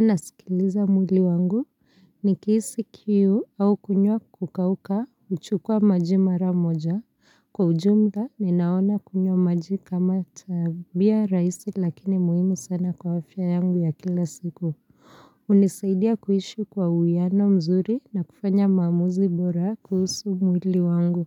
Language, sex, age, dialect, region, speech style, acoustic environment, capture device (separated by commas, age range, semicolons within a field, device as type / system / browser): Swahili, female, 25-34, Kenya - Nairobi Sheng-influenced, Kenya, relaxed; focused; happy, silence; nature; appliances; people talking, mobile / Linux / Chrome